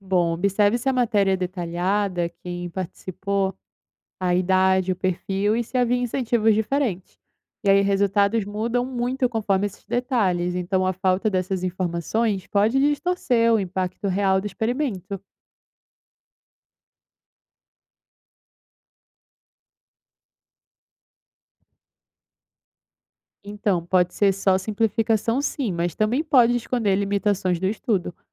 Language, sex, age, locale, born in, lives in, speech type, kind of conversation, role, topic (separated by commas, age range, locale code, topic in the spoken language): Portuguese, female, 20-24, pt-BR, Brazil, Italy, read, expert-assistant, expert, Como saber se uma notícia sobre economia comportamental é realmente confiável?